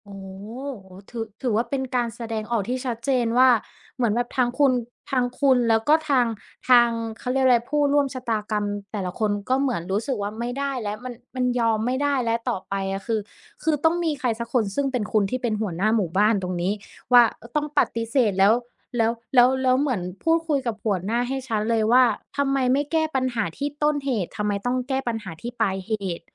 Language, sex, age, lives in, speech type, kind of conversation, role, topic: Thai, female, 30-34, Thailand, conversation, podcast, host, เคยต้องปฏิเสธการรับงานเพิ่มไหม และคุณจัดการอย่างไร?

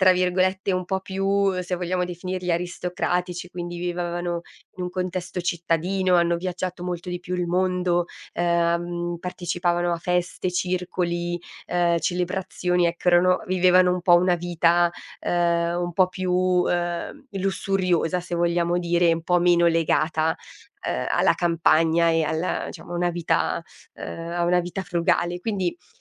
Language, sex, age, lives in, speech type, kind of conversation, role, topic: Italian, female, 30-34, Italy, conversation, podcast, guest, Che ruolo hanno avuto i tuoi nonni nella tua crescita?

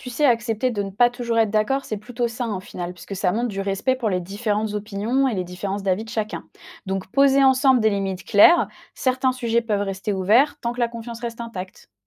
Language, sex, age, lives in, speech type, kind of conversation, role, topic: French, female, 25-29, France, read, expert-assistant, expert, Qu’est-ce qui fait que parfois, même avec de la bonne volonté, on n’arrive pas à se mettre d’accord avec ses proches ?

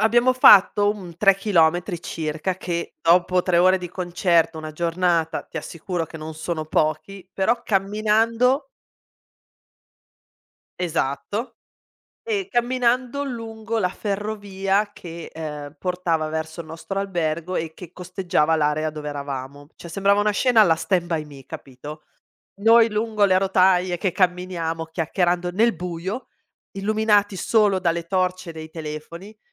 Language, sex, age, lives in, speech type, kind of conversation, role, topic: Italian, female, 40-44, Italy, conversation, podcast, guest, Hai mai stretto un’amicizia durante un viaggio che è durata per anni?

